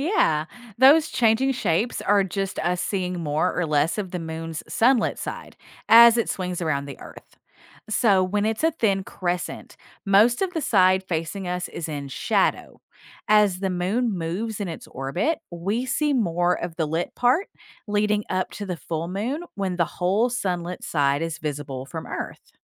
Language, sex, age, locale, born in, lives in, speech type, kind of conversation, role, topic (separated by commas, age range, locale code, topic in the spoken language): English, female, 40-44, en-US, United States, United States, read, expert-assistant, expert, Why do the Moon's phases change each month?